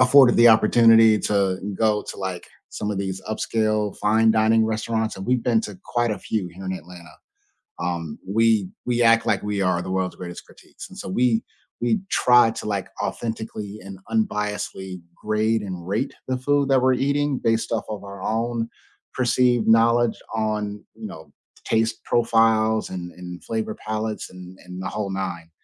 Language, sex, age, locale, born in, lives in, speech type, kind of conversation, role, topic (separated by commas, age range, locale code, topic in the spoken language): English, male, 35-39, en-US, United States, United States, conversation, unstructured, none, What role does food play in your family gatherings?